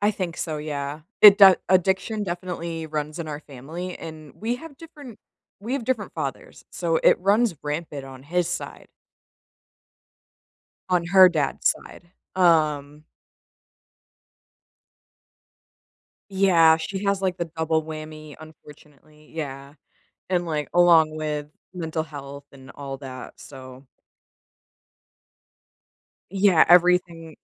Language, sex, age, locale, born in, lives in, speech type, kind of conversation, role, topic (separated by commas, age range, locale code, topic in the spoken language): English, female, 30-34, en-US, United States, United States, conversation, unstructured, none, When is it okay to cut ties with toxic family members?